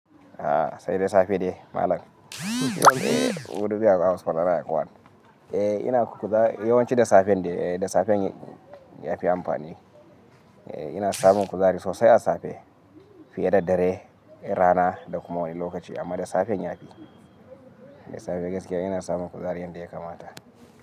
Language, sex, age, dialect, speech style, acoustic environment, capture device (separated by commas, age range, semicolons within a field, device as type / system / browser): Hausa, male, 25-34, Nigeria - Kano, happy, silence, mobile / Linux / Chrome